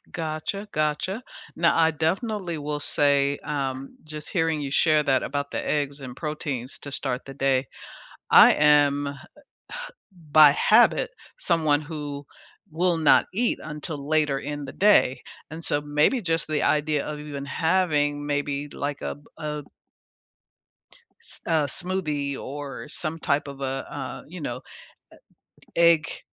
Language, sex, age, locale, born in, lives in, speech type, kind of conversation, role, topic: English, female, 65-69, en-US, United States, United States, conversation, unstructured, none, What does a balanced morning routine look like for you, and what makes it meaningful?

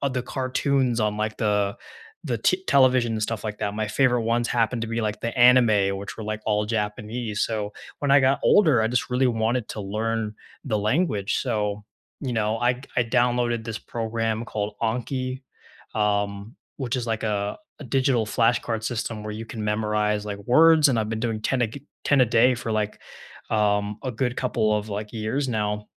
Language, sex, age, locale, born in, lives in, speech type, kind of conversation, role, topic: English, male, 20-24, en-US, United States, United States, conversation, unstructured, none, What are you currently learning just for fun, and what drew you to it?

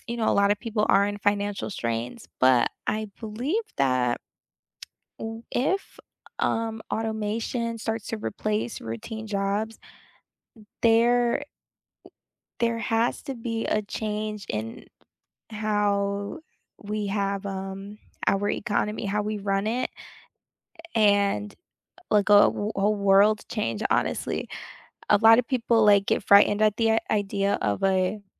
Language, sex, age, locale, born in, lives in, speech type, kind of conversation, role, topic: English, female, 25-29, en-US, United States, United States, conversation, unstructured, none, Should companies replace routine jobs with automation to stay competitive, or protect and invest in human employees?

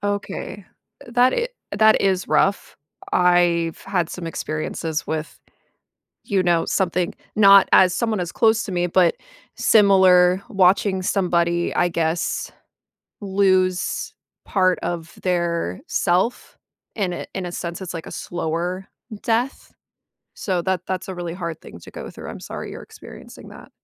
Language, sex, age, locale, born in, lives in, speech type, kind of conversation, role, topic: English, female, 30-34, en-US, United States, United States, conversation, podcast, host, How do people find support and healing after experiencing loss?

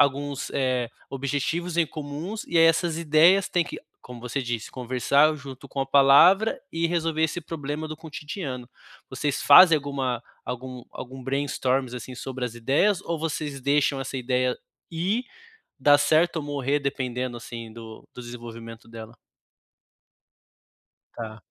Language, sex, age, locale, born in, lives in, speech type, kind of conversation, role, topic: Portuguese, male, 30-34, pt-BR, Brazil, Germany, conversation, advice, advisor, Como podemos melhorar a comunicação na nossa equipe criativa quando todos ficam travados?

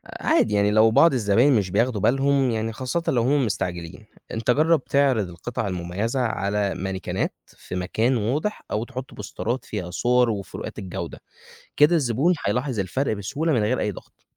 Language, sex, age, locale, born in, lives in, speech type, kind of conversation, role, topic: Arabic, male, 20-24, ar-EG, Egypt, Egypt, read, expert-assistant, expert, إيه أفضل منهجية ممكن يستخدمها صاحب بزنس صغير علشان يحدد أسعار المنتجات بتاعته بشكل عادل ومربح؟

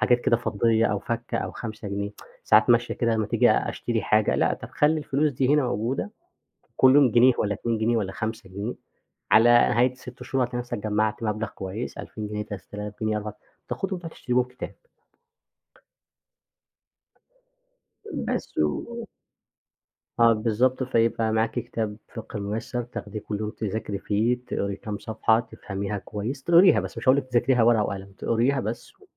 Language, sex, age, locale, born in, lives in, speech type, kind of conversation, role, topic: Arabic, male, 25-29, ar-EG, Egypt, Egypt, conversation, podcast, guest, إزاي بتفصل بين الشغل وحياتك الشخصية وإنت بتشتغل من البيت؟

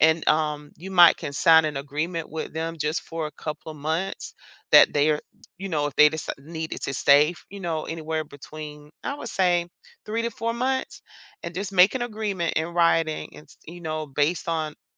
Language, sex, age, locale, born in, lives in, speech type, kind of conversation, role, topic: English, female, 50-54, en-US, United States, United States, conversation, advice, advisor, How can I break my lease early with minimal penalties?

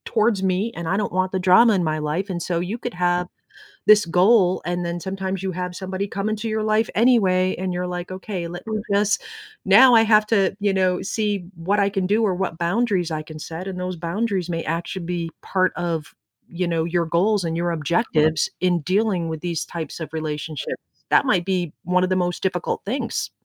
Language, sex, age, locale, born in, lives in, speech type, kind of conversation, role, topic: English, female, 50-54, en-US, United States, United States, conversation, unstructured, none, What is your strategy for setting and achieving personal goals?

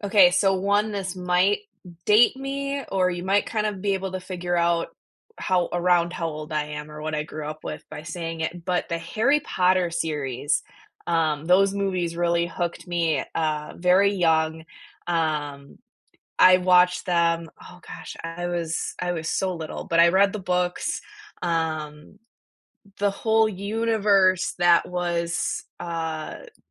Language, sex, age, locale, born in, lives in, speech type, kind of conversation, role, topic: English, female, 25-29, en-US, United States, United States, conversation, unstructured, none, What was the first movie that truly hooked you, and why?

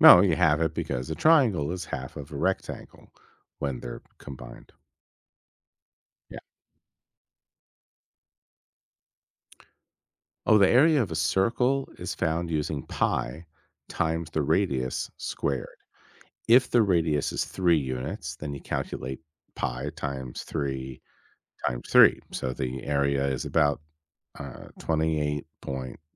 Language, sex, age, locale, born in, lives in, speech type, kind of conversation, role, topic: English, male, 50-54, en-US, United States, United States, read, expert-assistant, expert, How do you calculate the area of a square?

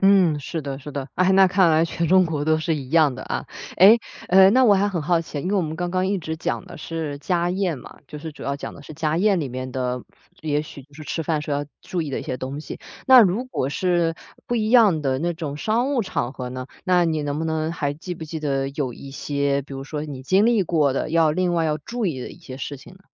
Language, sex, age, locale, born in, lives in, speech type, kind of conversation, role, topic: Chinese, female, 25-29, zh-CN, China, Germany, conversation, podcast, host, 吃饭时有哪些需要避开的忌讳？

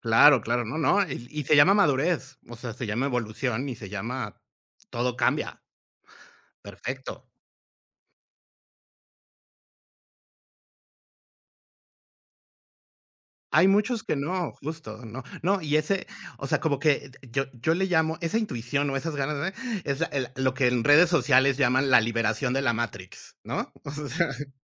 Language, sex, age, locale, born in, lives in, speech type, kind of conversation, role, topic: Spanish, male, 45-49, es-MX, Mexico, Mexico, conversation, unstructured, none, ¿Cómo defines lo que está bien y lo que está mal en la vida?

